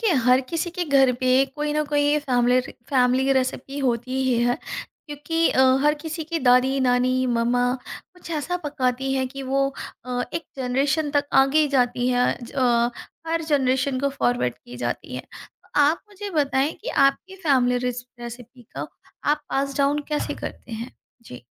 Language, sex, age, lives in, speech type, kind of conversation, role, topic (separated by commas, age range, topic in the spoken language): Hindi, female, 35-39, India, conversation, podcast, host, Aap apni parivaarik recipes ko agali peedhi tak kaise pahunchate hain?